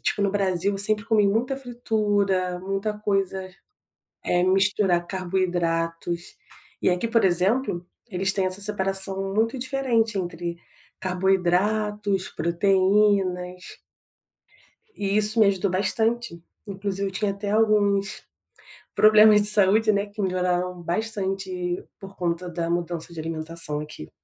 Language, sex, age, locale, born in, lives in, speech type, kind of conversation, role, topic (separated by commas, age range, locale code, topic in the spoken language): Portuguese, female, 30-34, pt-BR, Brazil, France, conversation, podcast, guest, Como a migração mudou a forma como você se alimenta?